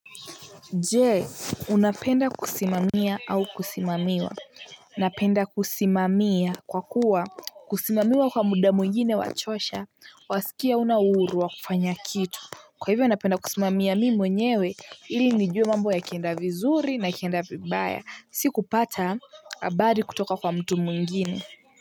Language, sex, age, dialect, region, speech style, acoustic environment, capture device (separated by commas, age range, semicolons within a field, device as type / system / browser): Swahili, female, 18-24, Kenya - Nairobi Sheng-influenced, Kenya, happy, people talking, mobile / Linux / Chrome